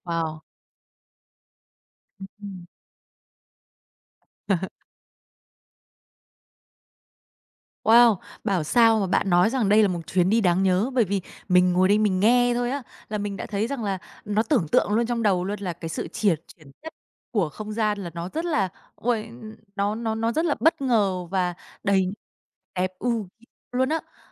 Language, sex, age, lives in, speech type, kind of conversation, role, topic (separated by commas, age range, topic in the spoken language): Vietnamese, female, 30-34, Vietnam, conversation, podcast, host, Ký ức đáng nhớ nhất của bạn về thiên nhiên là gì?